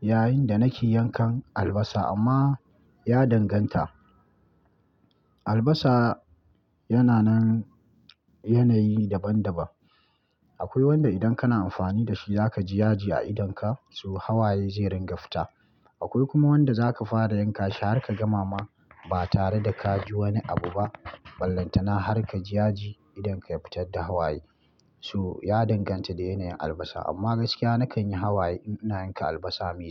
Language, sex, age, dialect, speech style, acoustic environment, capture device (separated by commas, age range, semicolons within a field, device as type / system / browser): Hausa, male, 25-34, Nigeria - Kano, relaxed, silence, mobile / Linux / Chrome